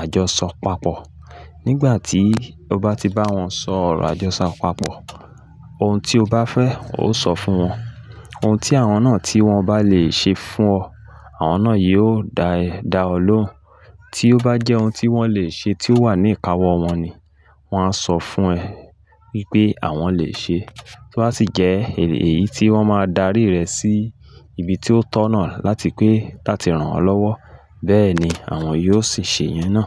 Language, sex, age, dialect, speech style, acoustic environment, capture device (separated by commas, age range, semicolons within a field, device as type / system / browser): Yoruba, male, 35-44, Nigeria - Ibadan, happy, people talking, mobile / Linux / Chrome